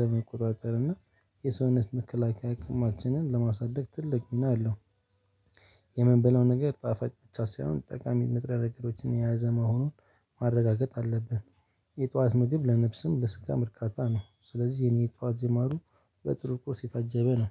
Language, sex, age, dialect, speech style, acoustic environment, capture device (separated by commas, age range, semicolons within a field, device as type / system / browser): Amharic, male, 18-24, Ethiopia - Addis Ababa, excited, silence, mobile / Linux / Chrome